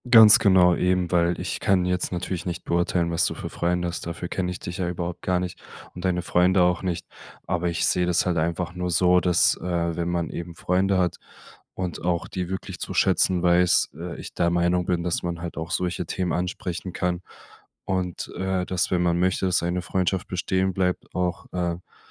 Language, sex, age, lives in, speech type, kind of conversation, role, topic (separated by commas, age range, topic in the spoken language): German, male, 25-29, Germany, conversation, advice, advisor, Wie kann ich an Feiern teilnehmen, ohne mich zu verstellen?